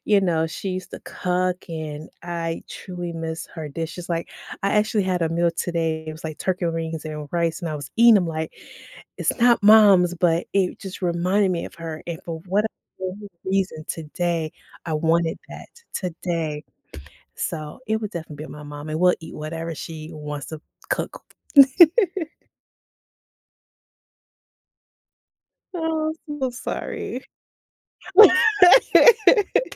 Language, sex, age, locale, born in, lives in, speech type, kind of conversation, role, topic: English, female, 45-49, en-US, United States, United States, conversation, podcast, guest, Why do shared meals have such a strong impact on our relationships and sense of community?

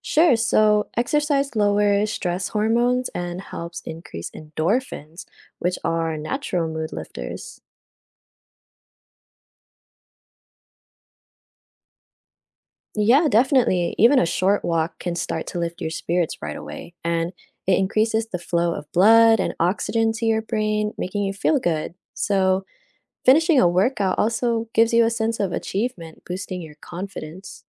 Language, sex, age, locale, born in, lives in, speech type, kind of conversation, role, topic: English, female, 20-24, en-US, United States, United States, read, expert-assistant, expert, What are the benefits of regular exercise for mental health?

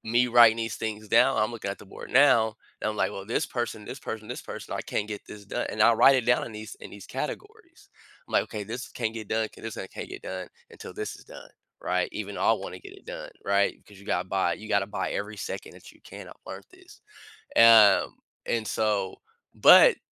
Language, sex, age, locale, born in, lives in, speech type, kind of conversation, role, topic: English, male, 30-34, en-US, United States, United States, conversation, podcast, guest, What are some effective ways to handle stress when life gets hectic?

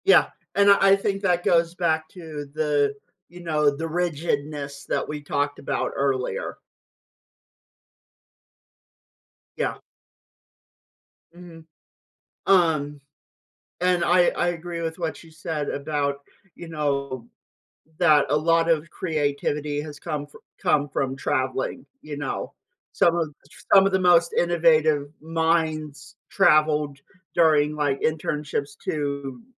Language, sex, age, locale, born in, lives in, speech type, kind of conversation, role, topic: English, male, 25-29, en-US, United States, United States, conversation, podcast, host, What are some ways people can nurture and develop their creativity over time?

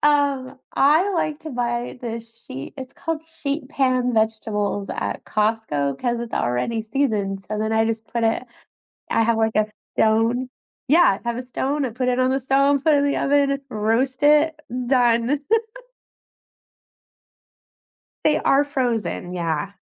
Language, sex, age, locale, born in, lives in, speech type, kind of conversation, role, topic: English, female, 50-54, en-US, United States, United States, conversation, unstructured, none, What is a common cooking mistake people often don’t realize they’re making?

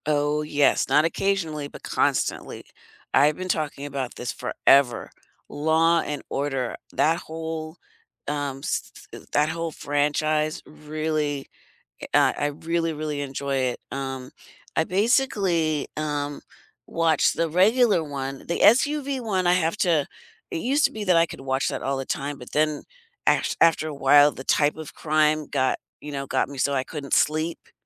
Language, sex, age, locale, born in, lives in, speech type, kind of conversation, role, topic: English, female, 60-64, en-US, United States, United States, conversation, unstructured, none, What does your ideal weekend binge-watch lineup look like, and what snacks do you reach for?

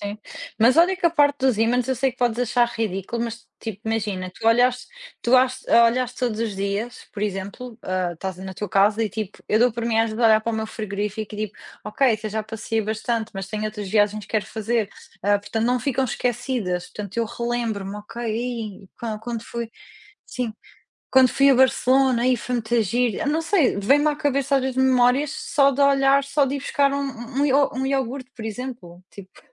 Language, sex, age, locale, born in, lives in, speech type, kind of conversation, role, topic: Portuguese, female, 30-34, pt-PT, Portugal, Portugal, conversation, unstructured, none, Qual é a melhor lembrança que você trouxe de uma viagem?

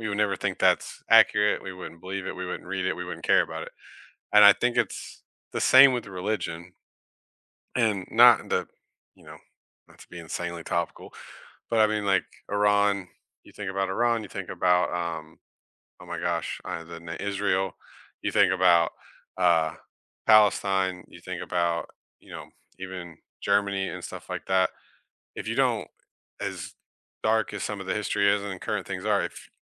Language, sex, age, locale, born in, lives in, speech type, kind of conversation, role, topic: English, male, 30-34, en-US, United States, United States, conversation, unstructured, none, Should religious education prioritize nurturing personal belief, or should it focus on teaching comparative religion and critical thinking?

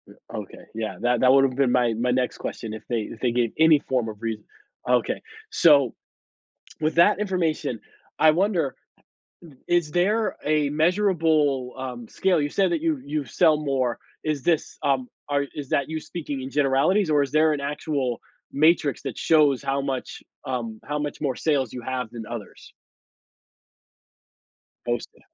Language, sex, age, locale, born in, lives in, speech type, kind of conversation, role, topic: English, male, 40-44, en-US, United States, United States, conversation, advice, advisor, How can I get my coworkers and managers to recognize my contributions at work?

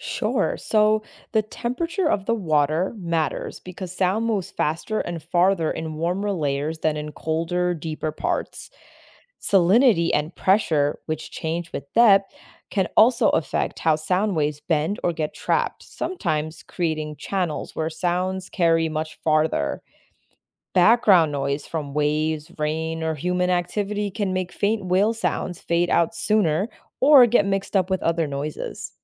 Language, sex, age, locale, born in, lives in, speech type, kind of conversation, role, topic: English, female, 25-29, en-US, United States, United States, read, expert-assistant, expert, How do whales communicate with each other across long distances?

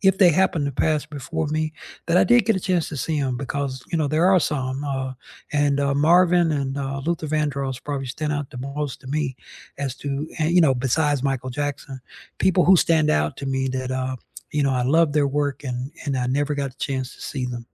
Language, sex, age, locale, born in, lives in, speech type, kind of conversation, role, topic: English, male, 60-64, en-US, United States, United States, conversation, unstructured, none, What is the best live performance you’ve seen, either recently or of all time?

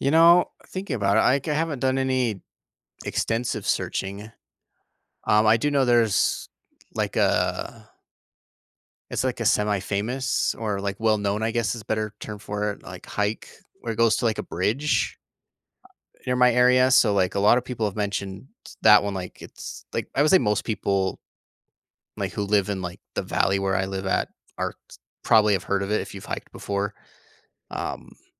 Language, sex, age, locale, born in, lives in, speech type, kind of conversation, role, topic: English, male, 30-34, en-US, United States, United States, conversation, advice, user, How can I make meaningful friends in a new city despite being shy and having limited free time?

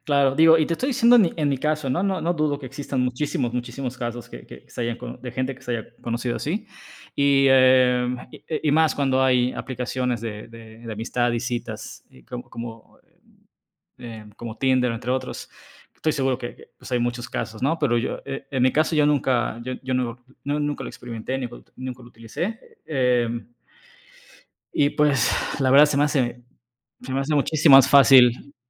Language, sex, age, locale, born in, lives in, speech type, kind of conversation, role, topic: Spanish, male, 35-39, es-MX, Mexico, Mexico, conversation, podcast, guest, ¿Qué consejos darías para hacer nuevos amigos?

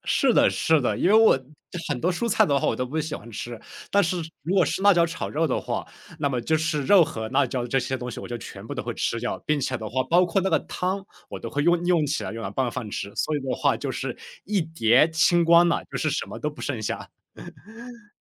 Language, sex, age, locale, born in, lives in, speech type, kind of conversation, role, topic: Chinese, male, 25-29, zh-CN, China, United States, conversation, podcast, guest, 你家里有没有代代相传的拿手菜可以和大家分享？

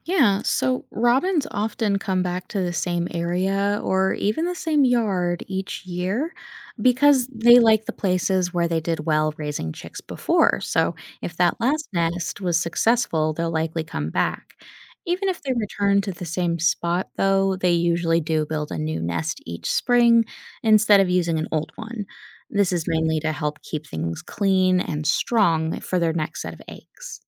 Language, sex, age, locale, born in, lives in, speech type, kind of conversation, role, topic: English, female, 30-34, en-US, United States, United States, read, expert-assistant, expert, How do birds build their nests?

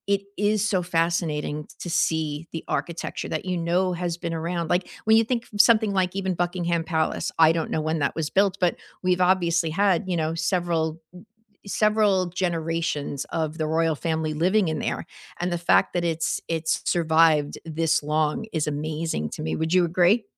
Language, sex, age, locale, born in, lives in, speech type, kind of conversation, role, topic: English, female, 55-59, en-US, United States, United States, conversation, unstructured, none, What childhood field trip blew your mind, and what made it unforgettable for you?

